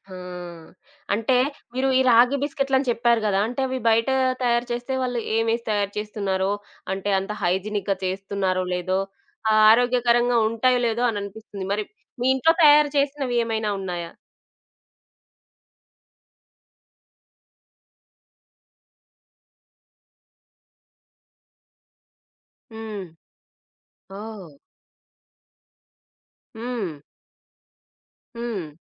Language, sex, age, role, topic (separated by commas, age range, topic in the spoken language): Telugu, female, 25-29, host, మీకు ఇష్టమైన ఆరోగ్యకరమైన చిరుతిళ్లు ఏమిటి?